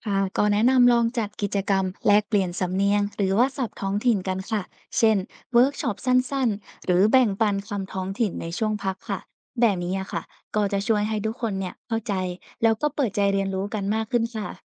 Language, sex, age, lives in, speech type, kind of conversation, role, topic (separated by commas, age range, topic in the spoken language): Thai, female, 20-24, Thailand, read, expert-assistant, expert, จะปรับปรุงการสื่อสารระหว่างคนจากต่างภาคในประเทศไทยอย่างไร ให้เข้าใจกันมากขึ้นแม้ใช้ภาษาหรือสำเนียงต่างกัน?